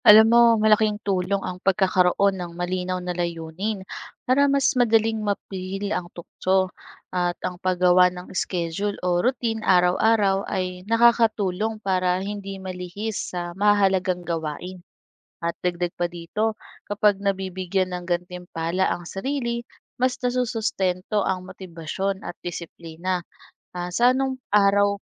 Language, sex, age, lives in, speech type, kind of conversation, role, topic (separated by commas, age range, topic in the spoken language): Filipino, female, 25-29, Philippines, read, expert-assistant, expert, Ano ang mga paraan upang mapanatili ang disiplina sa sarili?